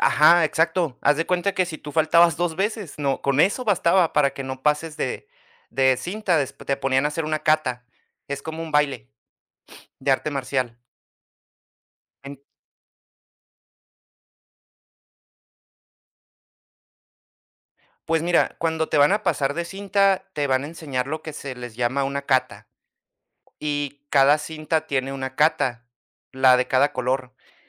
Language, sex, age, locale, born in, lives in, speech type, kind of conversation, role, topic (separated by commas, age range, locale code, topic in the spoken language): Spanish, male, 30-34, es-US, United States, United States, conversation, podcast, guest, ¿Cómo te motivas para hacer ejercicio?